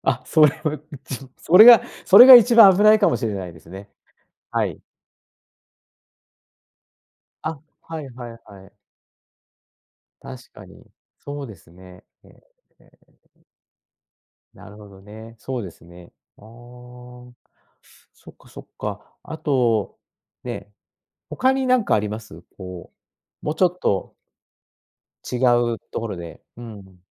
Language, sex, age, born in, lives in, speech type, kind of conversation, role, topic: Japanese, male, 60-64, Japan, Japan, conversation, podcast, host, 失敗から学んだ最も大きなことは何ですか？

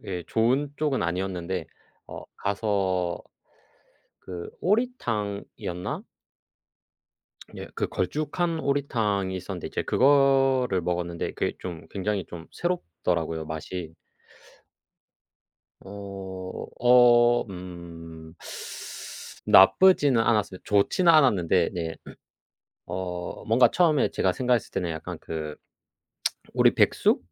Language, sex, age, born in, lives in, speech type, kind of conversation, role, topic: Korean, male, 25-29, South Korea, South Korea, conversation, unstructured, none, 음식과 관련된 추억 중 가장 기억에 남는 것은 무엇인가요?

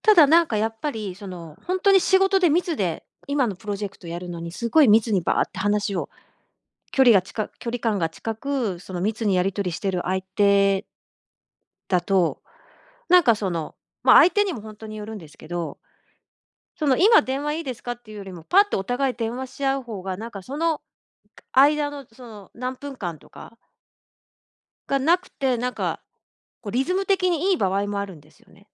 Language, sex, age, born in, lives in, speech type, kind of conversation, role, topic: Japanese, female, 50-54, Japan, Japan, conversation, podcast, guest, 携帯電話やSNSの普及は、私たちの会話にどのような影響を与えているのでしょうか？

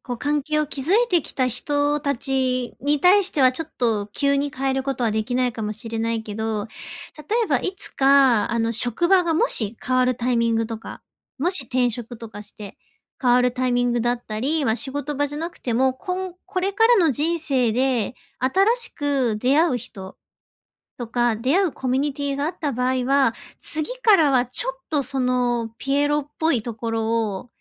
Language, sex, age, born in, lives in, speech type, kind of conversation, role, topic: Japanese, female, 30-34, Japan, Japan, conversation, advice, advisor, 社会のラベルと自分らしさのバランスはどう取ればいいですか？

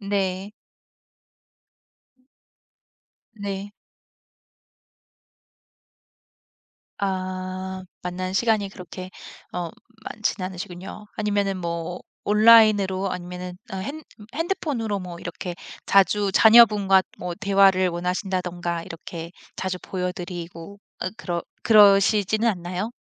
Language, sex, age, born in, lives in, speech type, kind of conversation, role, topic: Korean, female, 40-44, South Korea, United States, conversation, podcast, host, 일과 삶의 균형을 어떻게 맞추나요?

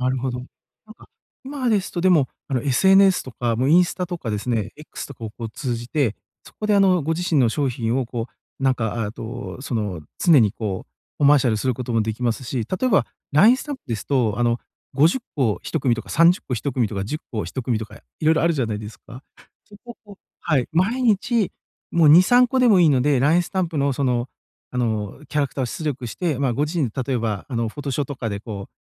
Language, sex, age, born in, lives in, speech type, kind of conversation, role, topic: Japanese, male, 40-44, Japan, Japan, conversation, advice, advisor, 新しいことに挑戦するのが怖いとき、どうすればいいですか？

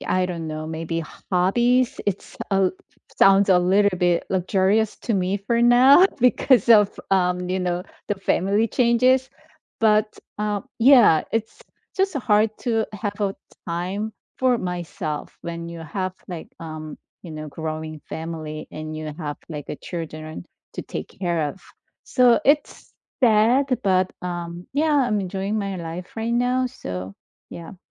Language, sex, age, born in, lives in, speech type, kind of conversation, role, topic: English, female, 50-54, South Korea, United States, conversation, unstructured, none, What makes someone give up on a hobby they once loved?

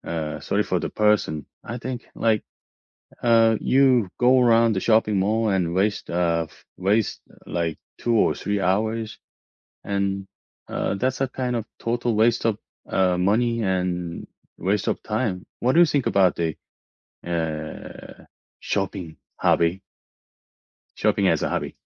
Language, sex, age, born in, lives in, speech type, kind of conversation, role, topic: English, male, 45-49, South Korea, United States, conversation, unstructured, none, What hobby do you think people waste the most time on?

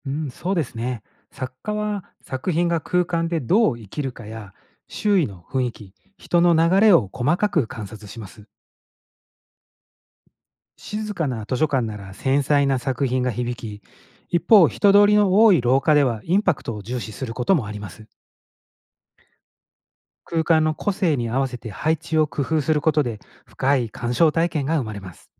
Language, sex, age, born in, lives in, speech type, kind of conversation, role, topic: Japanese, male, 45-49, Japan, Japan, read, expert-assistant, expert, 現代アートと伝統的なアート、どちらが心に残りますか？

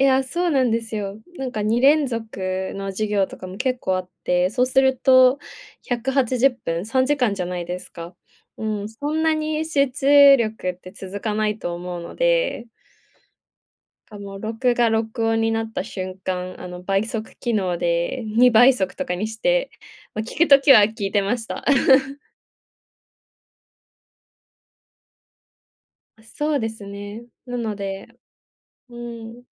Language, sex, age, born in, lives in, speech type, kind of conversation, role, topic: Japanese, female, 20-24, Japan, Japan, conversation, podcast, guest, オンライン授業の良い点と困った点は何ですか？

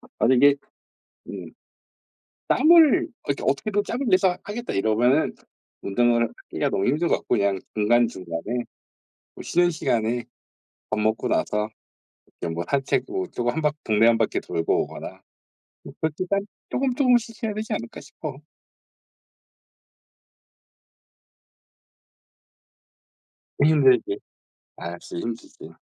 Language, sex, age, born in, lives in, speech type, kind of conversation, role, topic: Korean, male, 40-44, South Korea, South Korea, conversation, unstructured, none, 운동이 정신 건강에 어떤 영향을 준다고 생각하시나요?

